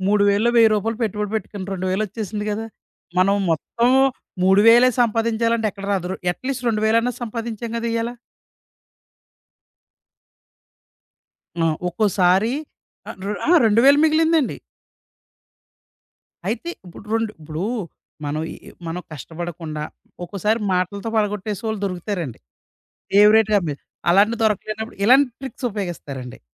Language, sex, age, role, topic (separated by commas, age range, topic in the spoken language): Telugu, male, 30-34, guest, స్థానిక మార్కెట్‌లో మీరు చేసిన బేరం అనుభవం ఎలా జరిగిందో చెప్పగలరా?